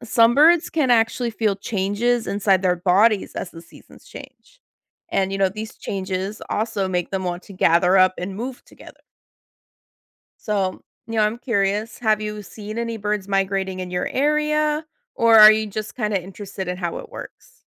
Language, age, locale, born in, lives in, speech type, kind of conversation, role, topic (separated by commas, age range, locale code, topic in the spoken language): English, 30-34, en-US, United States, United States, read, expert-assistant, expert, Why do some birds migrate thousands of miles every year?